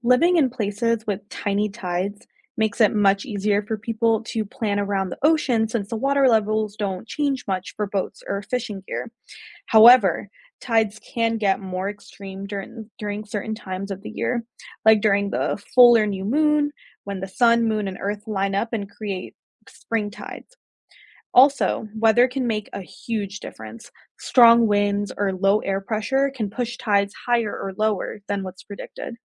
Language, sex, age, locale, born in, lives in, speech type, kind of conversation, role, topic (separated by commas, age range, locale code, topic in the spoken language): English, female, 25-29, en-US, United States, United States, read, expert-assistant, expert, What causes ocean tides?